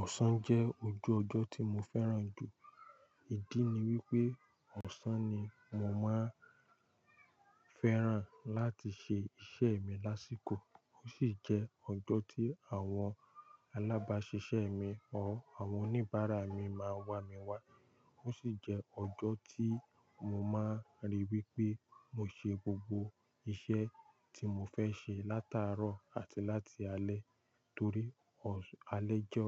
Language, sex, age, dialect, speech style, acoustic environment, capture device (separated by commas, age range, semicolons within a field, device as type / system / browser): Yoruba, male, 18-24, Nigeria - Lagos Yoruba, focused, silence, mobile / Linux / Chrome